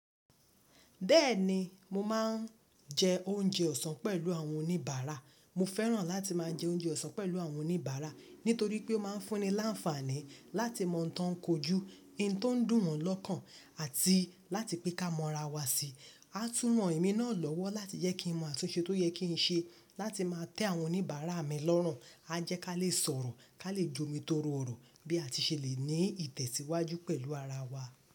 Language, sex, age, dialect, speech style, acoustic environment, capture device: Yoruba, female, 25-34, Nigeria - Lagos Yoruba, happy; focused, nature; silence, mobile / Linux / Chrome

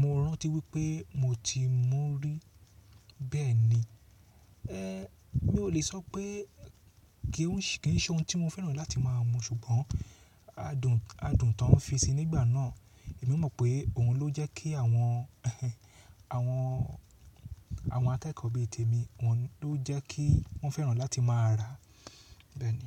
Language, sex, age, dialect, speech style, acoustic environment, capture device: Yoruba, male, 35-44, Nigeria - Lagos Yoruba, relaxed; excited, silence, mobile / Linux / Chrome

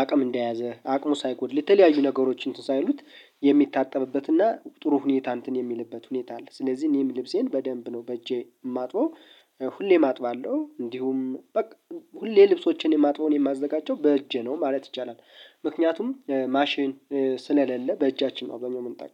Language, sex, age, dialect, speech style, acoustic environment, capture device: Amharic, male, 25-34, Ethiopia - Gondar, happy, people talking; music, mobile / Linux / Chrome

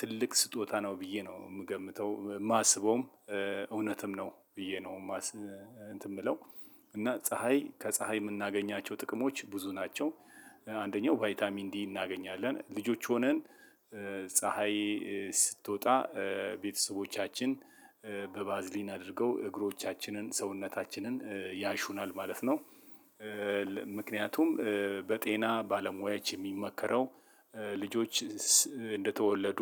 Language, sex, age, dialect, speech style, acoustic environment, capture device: Amharic, male, 35-44, Ethiopia - Addis Ababa, relaxed, silence, mobile / Linux / Chrome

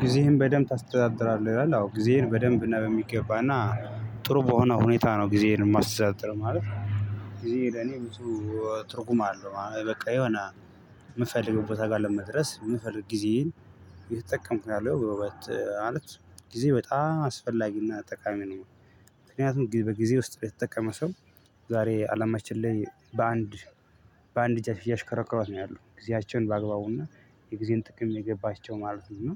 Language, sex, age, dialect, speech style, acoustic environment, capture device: Amharic, female, 25-34, Ethiopia - Addis Ababa, happy, silence, mobile / Linux / Chrome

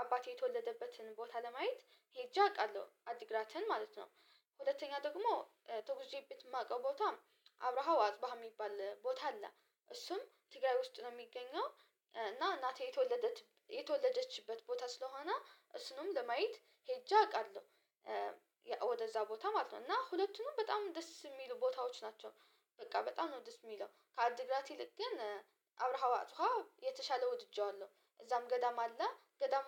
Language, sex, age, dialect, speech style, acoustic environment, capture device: Amharic, female, 18-24, Ethiopia - Addis Ababa, relaxed; stressed, silence, mobile / Linux / Chrome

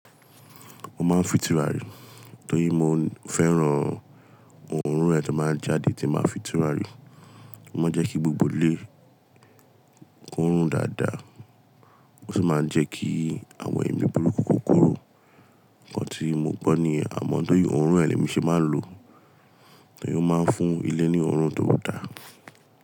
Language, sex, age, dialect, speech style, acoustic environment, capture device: Yoruba, male, 25-34, Nigeria - Lagos Yoruba, stressed, appliances; people talking; traffic, mobile / Linux / Chrome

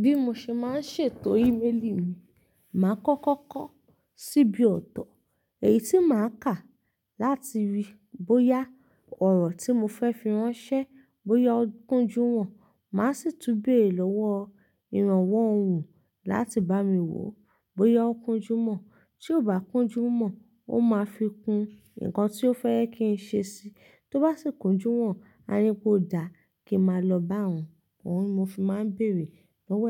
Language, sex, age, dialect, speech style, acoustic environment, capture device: Yoruba, female, 25-34, Nigeria - Ibadan, relaxed; focused, silence; nature; appliances, mobile / Linux / Chrome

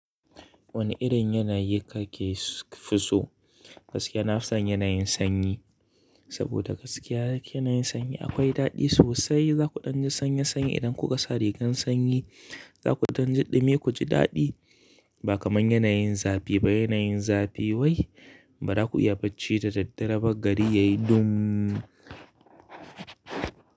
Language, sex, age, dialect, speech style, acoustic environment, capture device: Hausa, male, 25-34, Nigeria - Kano, excited; happy; relaxed, nature, mobile / Linux / Chrome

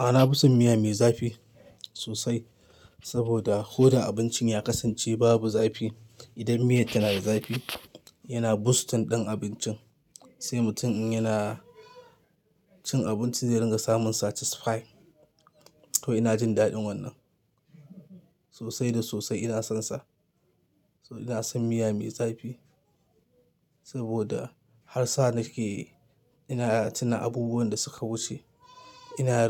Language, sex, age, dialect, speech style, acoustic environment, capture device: Hausa, male, 25-34, Nigeria - Kano, happy, people talking, mobile / Linux / Chrome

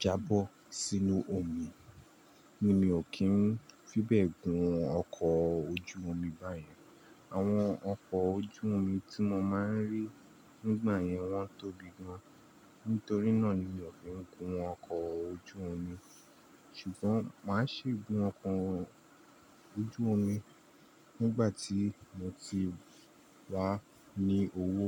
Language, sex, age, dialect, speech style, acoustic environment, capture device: Yoruba, male, 25-34, Nigeria - Nigerian Standard, relaxed, appliances, mobile / Linux / Chrome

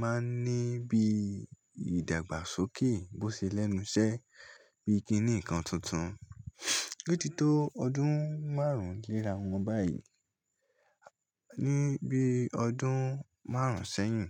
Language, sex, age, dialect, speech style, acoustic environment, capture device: Yoruba, male, 18-24, Nigeria - Lagos Yoruba, anxious; relaxed, nature; silence, mobile / Linux / Chrome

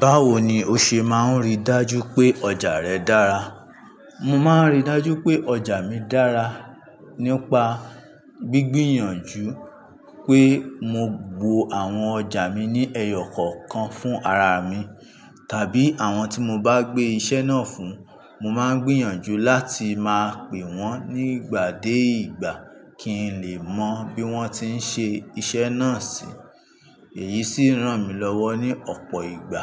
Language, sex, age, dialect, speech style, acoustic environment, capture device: Yoruba, male, 25-34, Nigeria - Ibadan, anxious; relaxed; focused; excited, traffic; construction; appliances; people talking; nature, mobile / Linux / Chrome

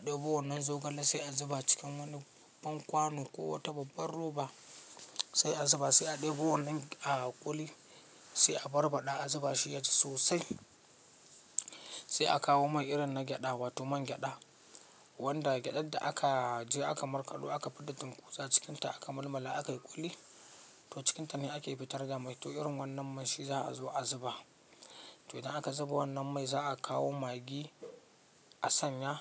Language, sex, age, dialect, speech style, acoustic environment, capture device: Hausa, male, 25-34, Nigeria - Katsina, focused, silence, mobile / Linux / Chrome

